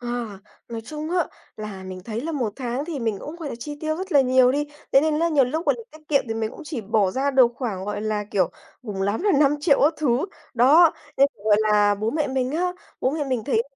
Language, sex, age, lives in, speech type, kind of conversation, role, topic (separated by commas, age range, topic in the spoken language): Vietnamese, female, 20-24, Vietnam, conversation, advice, user, Bạn và người kia khác biệt lớn về quan điểm tiền bạc ở điểm nào, và bạn muốn giải quyết việc này như thế nào?